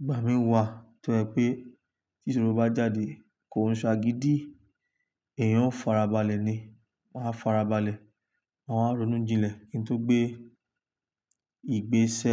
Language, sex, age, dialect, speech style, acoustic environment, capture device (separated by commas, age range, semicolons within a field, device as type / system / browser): Yoruba, male, 25-34, Nigeria - Lagos Yoruba, relaxed; stressed; focused, nature; silence, desktop / Linux / Chrome